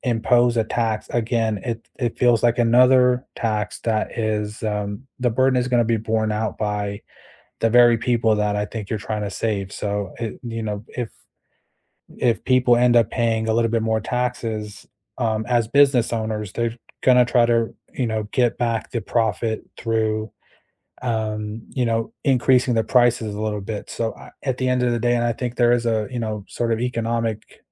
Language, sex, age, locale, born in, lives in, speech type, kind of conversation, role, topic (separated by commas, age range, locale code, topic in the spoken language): English, male, 25-29, en-US, United States, United States, conversation, unstructured, none, Should governments tax meat to reduce climate pollution and promote fair, sustainable diets?